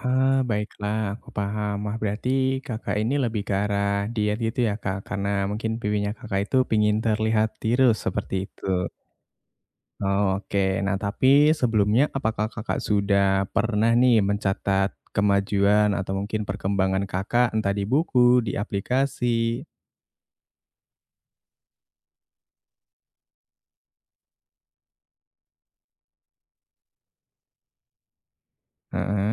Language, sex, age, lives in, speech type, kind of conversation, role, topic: Indonesian, male, 20-24, Indonesia, conversation, advice, advisor, Apa yang sebaiknya saya lakukan jika kemajuan membangun kebiasaan sehat terasa sangat lambat?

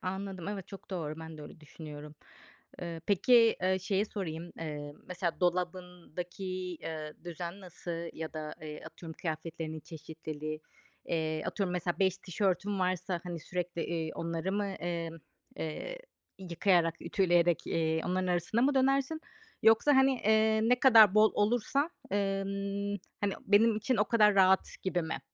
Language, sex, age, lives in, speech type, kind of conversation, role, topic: Turkish, female, 35-39, Greece, conversation, podcast, host, Minimalist misin, yoksa daha gösterişli giyinmeyi mi seversin?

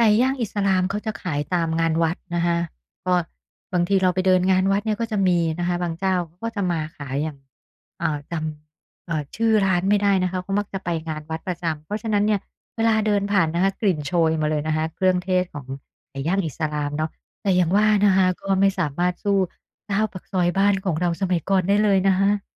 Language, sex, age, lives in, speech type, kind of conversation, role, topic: Thai, female, 50-54, Thailand, conversation, podcast, guest, อาหารเชื่อมโยงกับความทรงจำในวัยเด็กของคุณอย่างไร?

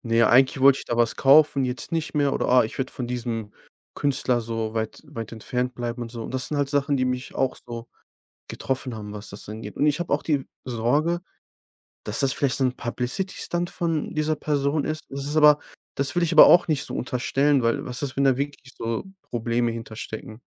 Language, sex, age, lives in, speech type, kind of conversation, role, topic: German, male, 25-29, Germany, conversation, advice, user, Wie hat negatives Kundenfeedback das Vertrauen in dein Produkt erschüttert?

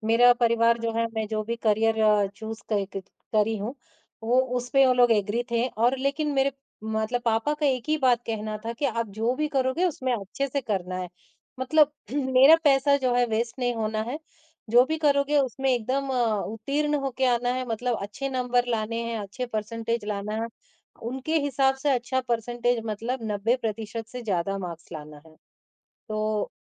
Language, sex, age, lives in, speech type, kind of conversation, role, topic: Hindi, male, 50-54, India, conversation, podcast, guest, जब परिवार और करियर में टकराव हो, तो आप किसे प्राथमिकता देते हैं?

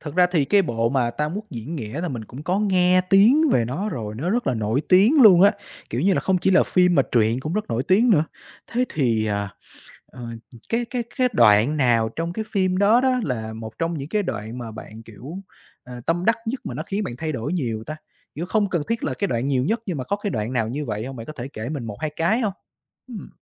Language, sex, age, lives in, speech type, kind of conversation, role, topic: Vietnamese, male, 25-29, Vietnam, conversation, podcast, host, Bạn có thể kể về bộ phim đã thay đổi bạn nhiều nhất không?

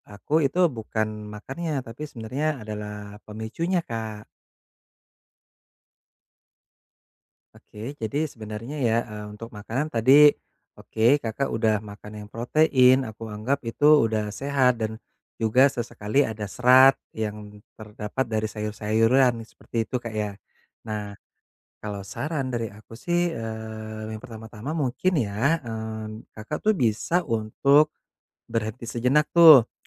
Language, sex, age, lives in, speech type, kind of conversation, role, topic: Indonesian, male, 30-34, Indonesia, conversation, advice, advisor, Bagaimana cara menahan keinginan untuk ngemil di antara waktu makan tanpa merasa kelaparan?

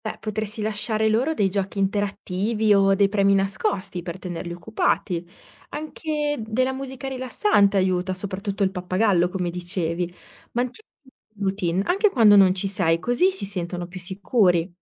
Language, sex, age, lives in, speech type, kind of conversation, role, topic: Italian, female, 30-34, Italy, read, expert-assistant, expert, Come posso organizzare la vita quotidiana con animali domestici molto diversi tra loro?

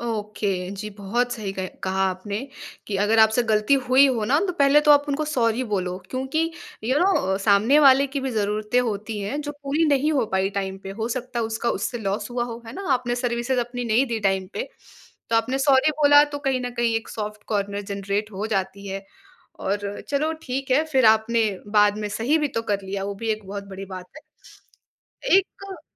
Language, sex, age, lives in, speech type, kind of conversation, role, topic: Hindi, female, 20-24, India, conversation, podcast, host, Collaboration mein trust banane ke simple tareeqe kya hain?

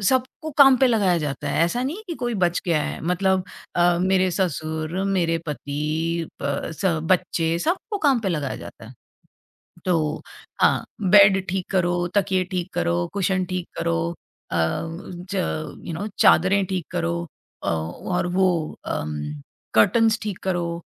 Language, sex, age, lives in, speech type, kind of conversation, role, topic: Hindi, female, 45-49, India, conversation, podcast, guest, Mehmaan aane par tumhara go-to hosting routine kya hota hai?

